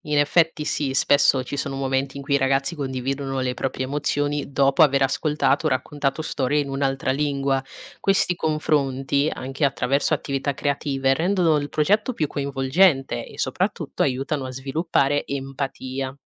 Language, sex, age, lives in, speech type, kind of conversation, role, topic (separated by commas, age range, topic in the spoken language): Italian, female, 20-24, Italy, read, expert-assistant, expert, C'è un posto dove posso ascoltare racconti su lingue che stanno per scomparire?